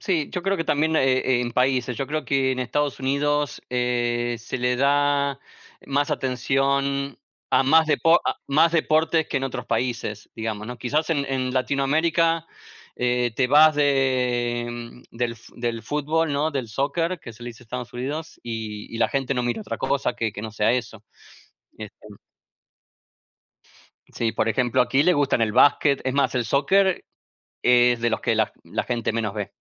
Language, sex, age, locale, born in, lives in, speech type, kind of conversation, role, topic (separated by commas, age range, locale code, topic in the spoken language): Spanish, male, 45-49, es-AR, Argentina, United States, conversation, unstructured, none, ¿Te parece justo que algunos deportes estén mucho mejor pagados que otros?